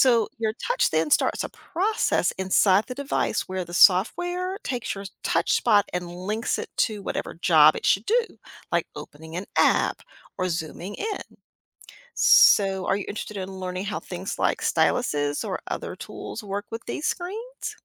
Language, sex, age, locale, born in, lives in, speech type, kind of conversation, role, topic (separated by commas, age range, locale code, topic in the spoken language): English, female, 55-59, en-US, United States, United States, read, expert-assistant, expert, How does a touch screen work?